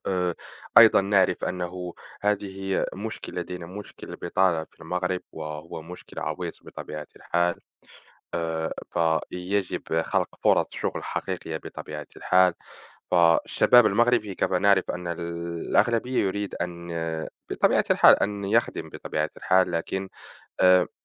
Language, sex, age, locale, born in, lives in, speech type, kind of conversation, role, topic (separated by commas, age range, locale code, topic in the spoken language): Arabic, male, 20-24, ar-MA, Morocco, France, conversation, unstructured, none, إزاي الحكومة تقدر تحسّن حياة المواطنين؟